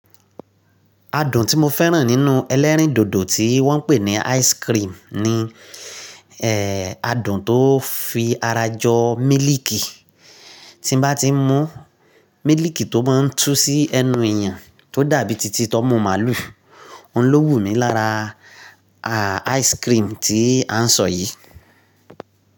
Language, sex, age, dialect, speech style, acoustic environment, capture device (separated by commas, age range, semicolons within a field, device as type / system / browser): Yoruba, male, 35-44, Nigeria - Nigerian Standard, relaxed, silence, mobile / Linux / Chrome